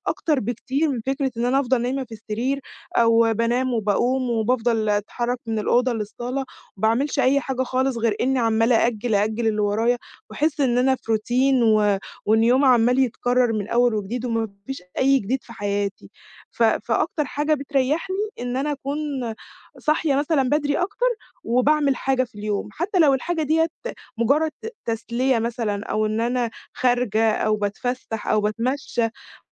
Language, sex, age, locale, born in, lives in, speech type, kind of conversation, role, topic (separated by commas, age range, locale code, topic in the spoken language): Arabic, female, 20-24, ar-EG, Egypt, Egypt, conversation, advice, user, إزاي روتينك اليومي بيخنق إبداعك؟